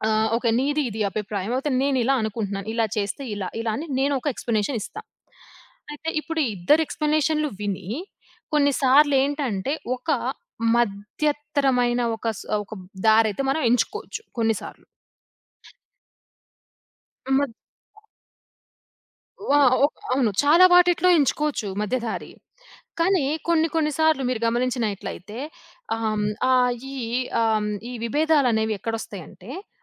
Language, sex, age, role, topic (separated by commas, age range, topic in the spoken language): Telugu, female, 25-29, guest, గొడవలో కూడా గౌరవంగా ఎలా మాట్లాడాలి?